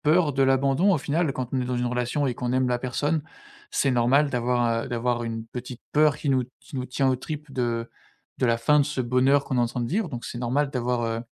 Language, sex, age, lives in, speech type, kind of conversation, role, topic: French, male, 25-29, France, conversation, advice, advisor, Comment gérer la peur d’être abandonné(e) ou rejeté(e) dans ma relation ?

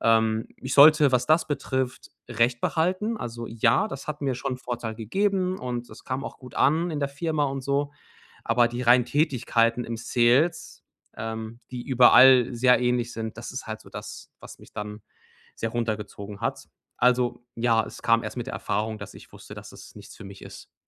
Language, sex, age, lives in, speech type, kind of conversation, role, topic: German, male, 30-34, Germany, conversation, advice, user, Wie kann ich meinen Beruf von meiner persönlichen Identität trennen?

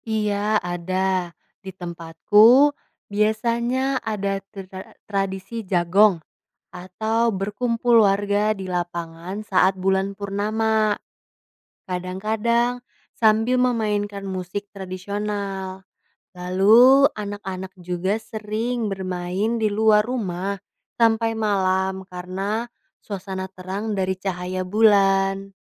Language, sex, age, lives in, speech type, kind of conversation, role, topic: Indonesian, female, 20-24, Indonesia, read, expert-assistant, asker, Mengapa bulan tampak berubah bentuk setiap malam?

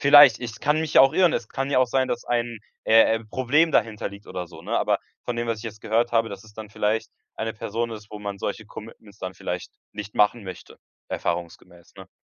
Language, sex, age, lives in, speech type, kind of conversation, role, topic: German, male, 18-19, Germany, conversation, advice, advisor, Warum sagen Freunde mir ständig kurzfristig ab?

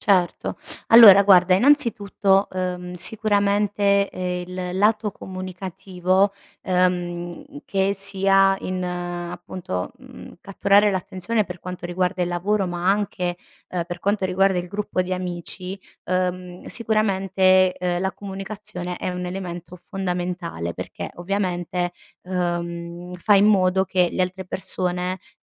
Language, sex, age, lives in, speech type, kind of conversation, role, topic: Italian, female, 30-34, Italy, conversation, advice, advisor, Come posso catturare l’attenzione quando parlo davanti a un gruppo?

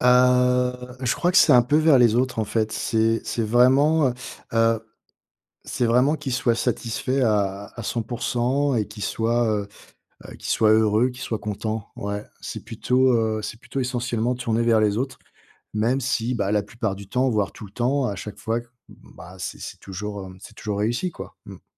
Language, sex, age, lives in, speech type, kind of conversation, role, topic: French, male, 50-54, France, conversation, advice, user, Comment puis-je remplacer l’autocritique par plus de bienveillance envers moi-même ?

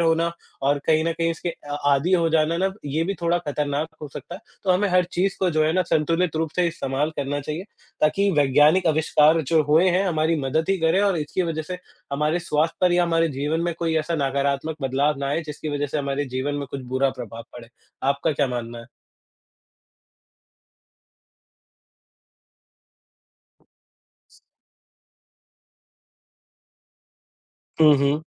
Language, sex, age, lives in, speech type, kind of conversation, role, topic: Hindi, male, 45-49, India, conversation, unstructured, none, वैज्ञानिक आविष्कारों में से आपको सबसे अधिक कौन सा आविष्कार पसंद है?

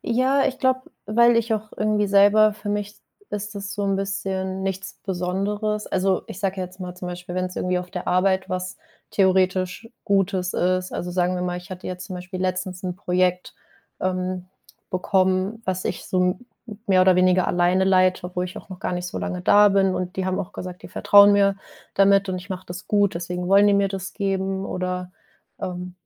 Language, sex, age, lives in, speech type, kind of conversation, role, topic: German, female, 25-29, Germany, conversation, advice, user, Wie kann ich in meiner Beziehung meine wahren Gefühle zeigen, ohne Angst vor Verletzlichkeit zu haben?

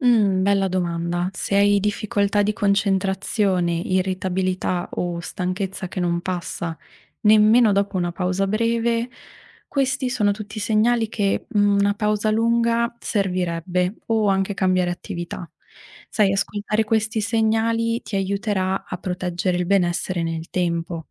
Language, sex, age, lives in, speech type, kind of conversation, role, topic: Italian, female, 25-29, Italy, read, expert-assistant, expert, Quali sono i segnali fisici che il mio corpo mi manda quando sto attraversando un periodo difficile, e come posso imparare a riconoscerli?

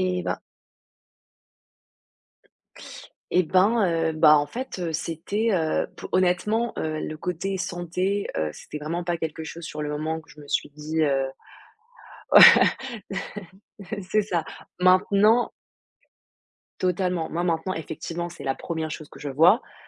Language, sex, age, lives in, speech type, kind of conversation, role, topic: French, female, 20-24, France, conversation, unstructured, none, Comment peux-tu encourager quelqu’un à commencer une activité physique ?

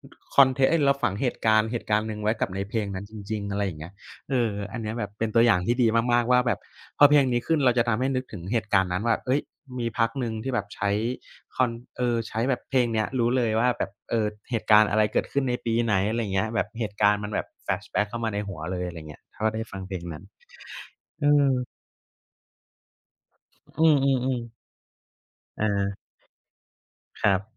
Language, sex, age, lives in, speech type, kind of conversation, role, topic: Thai, male, 30-34, Thailand, conversation, unstructured, none, คุณคิดว่าเพลงมีบทบาทอย่างไรในชีวิตประจำวัน?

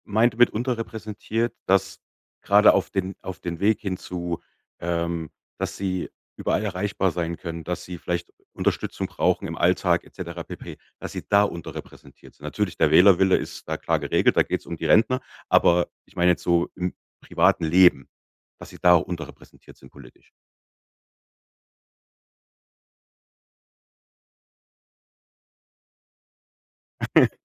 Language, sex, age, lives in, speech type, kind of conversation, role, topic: German, male, 35-39, Germany, conversation, podcast, host, Wie könnte Technologie das Leben älterer Menschen erleichtern?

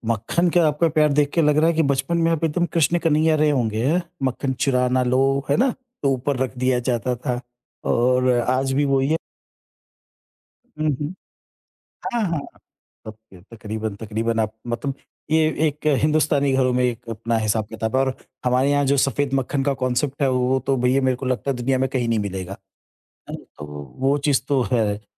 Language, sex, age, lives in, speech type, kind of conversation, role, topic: Hindi, male, 50-54, India, conversation, podcast, host, Kaunsa ghar ka khana tumhe bachpan ki yaad dila deta hai?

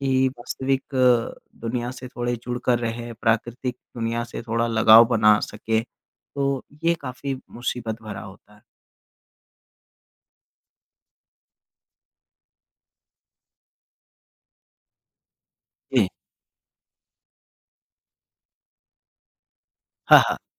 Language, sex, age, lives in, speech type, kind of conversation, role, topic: Hindi, male, 25-29, India, conversation, podcast, guest, नए शहर में आप अपनी संस्कृति कैसे संभालते हैं?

